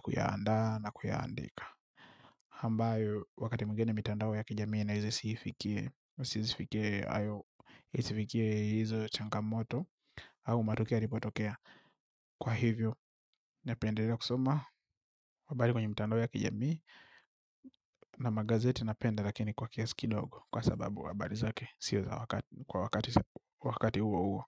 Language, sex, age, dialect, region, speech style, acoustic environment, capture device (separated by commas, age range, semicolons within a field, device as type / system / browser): Swahili, male, 25-34, Tanzania - Dar es Salaam, Tanzania, relaxed; focused; happy, nature, mobile / Linux / Chrome